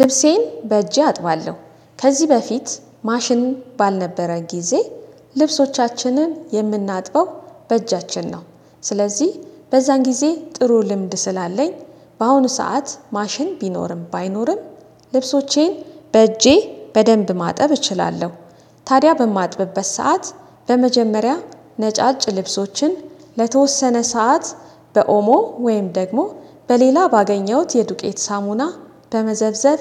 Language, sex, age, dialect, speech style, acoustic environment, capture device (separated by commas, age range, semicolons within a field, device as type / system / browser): Amharic, female, 35-44, Ethiopia - Addis Ababa, happy, nature, desktop / Windows / Chrome